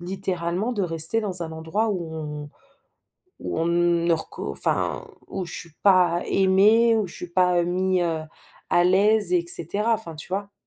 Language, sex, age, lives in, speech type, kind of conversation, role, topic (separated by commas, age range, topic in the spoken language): French, female, 30-34, France, conversation, advice, user, Comment avez-vous vécu une perte soudaine d’emploi ?